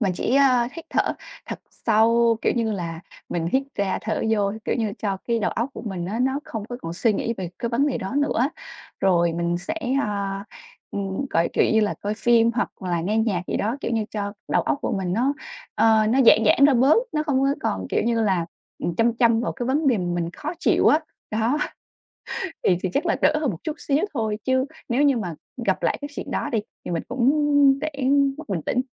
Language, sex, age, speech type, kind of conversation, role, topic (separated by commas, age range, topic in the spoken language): Vietnamese, female, 25-29, conversation, advice, user, Làm sao để giữ bình tĩnh khi nghe tin tức về tình hình thế giới?